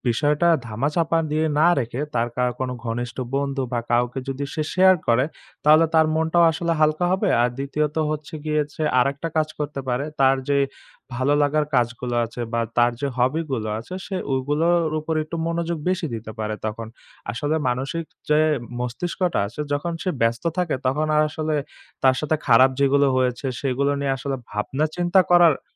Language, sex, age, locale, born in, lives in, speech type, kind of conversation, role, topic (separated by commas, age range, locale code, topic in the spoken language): Bengali, male, 20-24, bn-BD, Bangladesh, Bangladesh, conversation, podcast, guest, অনলাইন স্ক্যামের শিকার হলে প্রথমে আপনি কী করবেন?